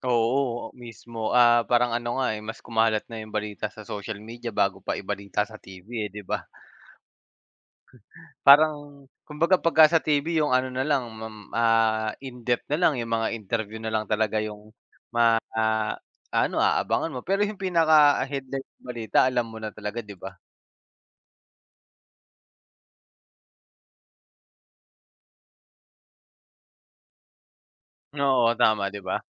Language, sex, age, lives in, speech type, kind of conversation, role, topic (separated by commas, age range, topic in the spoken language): Filipino, male, 30-34, Philippines, conversation, unstructured, none, Ano ang palagay mo sa epekto ng midyang panlipunan sa mga balita?